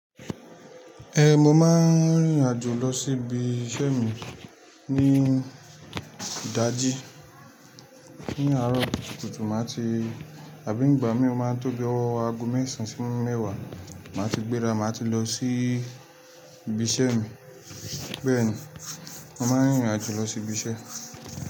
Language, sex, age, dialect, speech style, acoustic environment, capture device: Yoruba, male, 25-34, Nigeria - Lagos Yoruba, tired, silence, mobile / Linux / Chrome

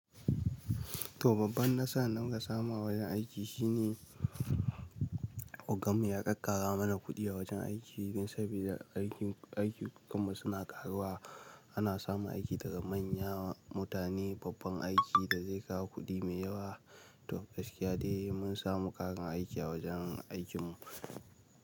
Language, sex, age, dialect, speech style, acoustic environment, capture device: Hausa, male, 18-24, Nigeria - Nigerian Standard, happy; relaxed, nature, mobile / Linux / Chrome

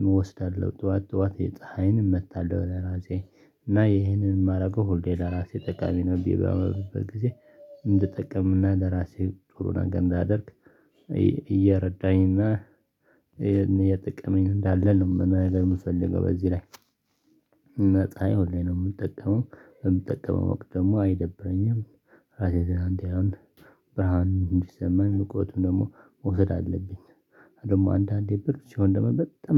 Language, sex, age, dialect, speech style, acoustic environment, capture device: Amharic, male, 25-34, Ethiopia - Tigray, happy, people talking, desktop / Linux / Chrome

